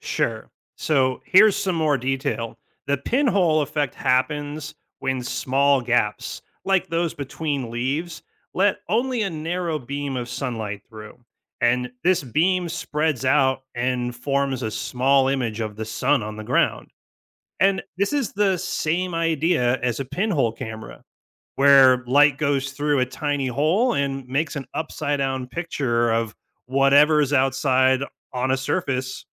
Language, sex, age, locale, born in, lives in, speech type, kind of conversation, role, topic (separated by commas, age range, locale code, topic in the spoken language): English, male, 35-39, en-US, United States, United States, read, expert-assistant, expert, Why does your shadow change size during the day?